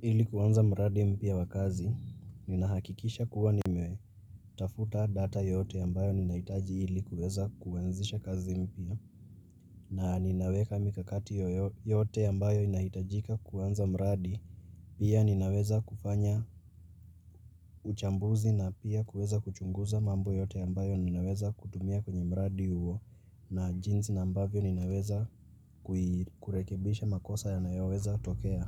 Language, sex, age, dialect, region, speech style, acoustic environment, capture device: Swahili, male, 18-24, Kenya - Nairobi Sheng-influenced, Kenya, focused; relaxed, people talking; nature, mobile / Linux / Chrome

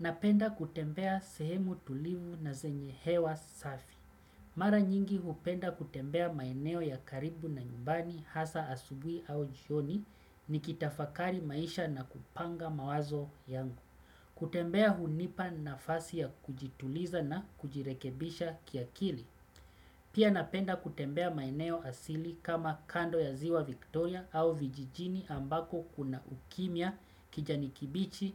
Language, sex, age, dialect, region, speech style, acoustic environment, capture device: Swahili, male, 35-44, Kenya - Mombasa, Kenya, relaxed, silence, desktop / Windows / Chrome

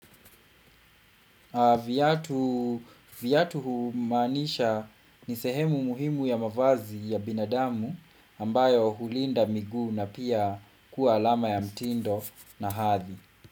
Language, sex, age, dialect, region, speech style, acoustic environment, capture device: Swahili, male, 25-34, Kenya - Kiambu, Kenya, relaxed, silence, desktop / Windows / Chrome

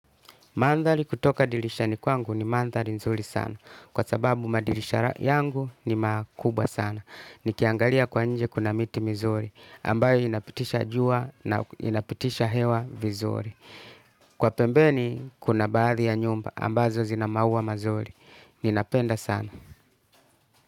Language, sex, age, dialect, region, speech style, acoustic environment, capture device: Swahili, male, 35-44, Tanzania - Dar es Salaam, Tanzania, relaxed, music, desktop / Linux / Chrome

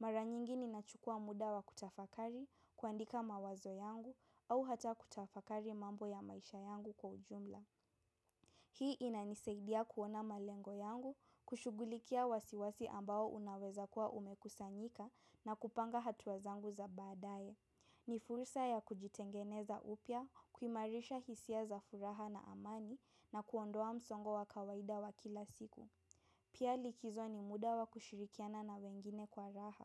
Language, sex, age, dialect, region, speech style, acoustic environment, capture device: Swahili, female, 18-24, Kenya - Nairobi Sheng-influenced, Kenya, relaxed, silence; appliances, mobile / macOS / Safari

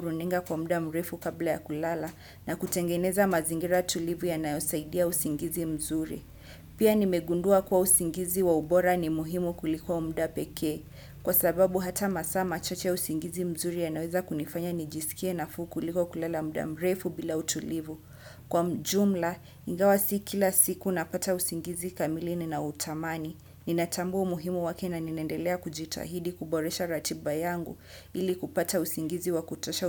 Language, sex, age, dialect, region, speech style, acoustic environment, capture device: Swahili, female, 25-34, Kenya - Mombasa, Kenya, happy, nature, desktop / Windows / Chrome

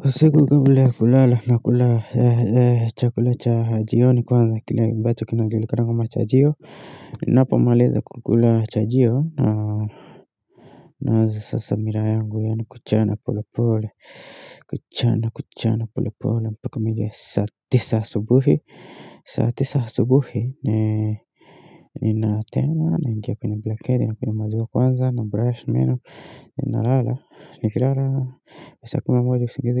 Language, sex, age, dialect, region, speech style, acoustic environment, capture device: Swahili, male, 25-34, Kenya - Nairobi Sheng-influenced, Kenya, anxious, silence; nature, mobile / Linux / Chrome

